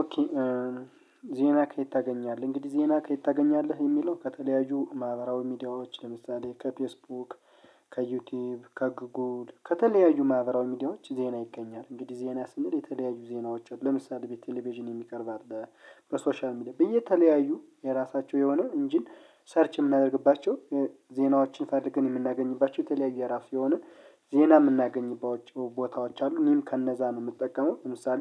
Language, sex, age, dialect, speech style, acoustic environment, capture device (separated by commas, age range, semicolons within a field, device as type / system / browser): Amharic, male, 25-34, Ethiopia - Gondar, happy; relaxed, people talking; music; nature, mobile / Linux / Chrome